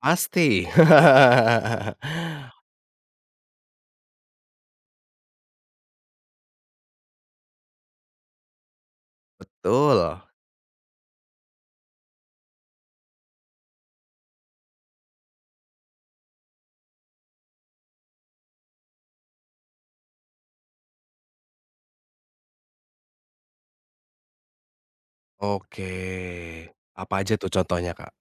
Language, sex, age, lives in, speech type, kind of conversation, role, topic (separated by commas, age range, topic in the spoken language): Indonesian, male, 30-34, Indonesia, conversation, podcast, host, Gimana ceritamu ketika pertama kali memutuskan untuk ganti karier?